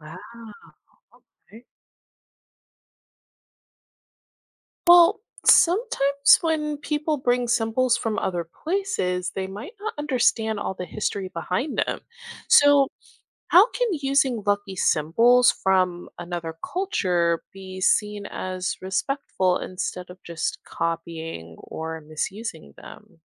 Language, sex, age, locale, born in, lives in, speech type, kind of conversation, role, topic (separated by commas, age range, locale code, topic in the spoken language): English, female, 25-29, en-US, United States, United States, read, expert-assistant, asker, What are common symbols of luck in different cultures?